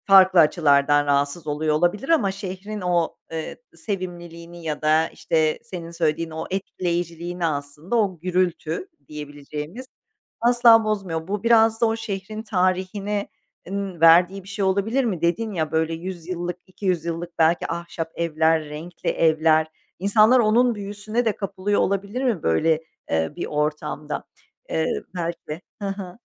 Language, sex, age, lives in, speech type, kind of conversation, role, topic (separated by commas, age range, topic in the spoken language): Turkish, female, 45-49, Netherlands, conversation, podcast, host, Hâlâ unutamadığın bir seyahat anın var mı?